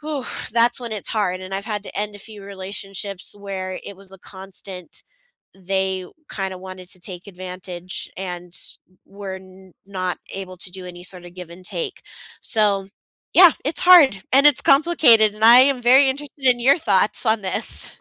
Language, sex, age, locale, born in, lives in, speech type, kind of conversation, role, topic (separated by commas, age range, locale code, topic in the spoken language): English, female, 35-39, en-US, United States, United States, conversation, unstructured, none, How do you handle disagreements so that you both feel heard and stay connected?